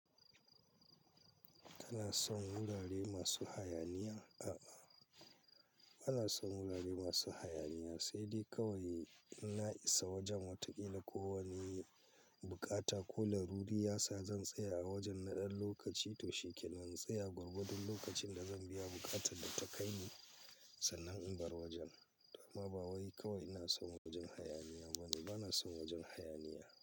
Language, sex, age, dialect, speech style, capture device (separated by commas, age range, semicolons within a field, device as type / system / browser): Hausa, male, 45-54, Nigeria - Kano, focused, mobile / Linux / Chrome